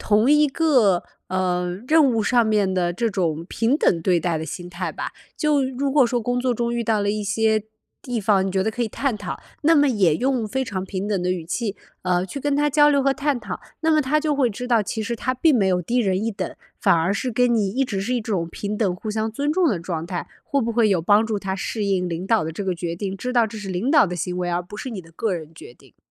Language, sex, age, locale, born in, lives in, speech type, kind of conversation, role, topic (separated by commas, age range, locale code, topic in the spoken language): Chinese, female, 30-34, zh-CN, China, United States, conversation, advice, advisor, 如何在不引发冲突的情况下给团队成员反馈其工作质量？